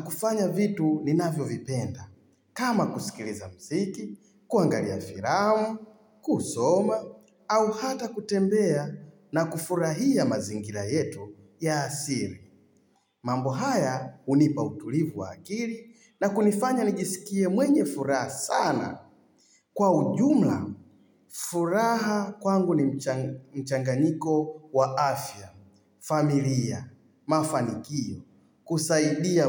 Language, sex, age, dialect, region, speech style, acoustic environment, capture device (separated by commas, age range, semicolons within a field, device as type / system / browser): Swahili, male, 35-44, Tanzania - Dar es Salaam, Tanzania, excited, silence, mobile / Linux / Chrome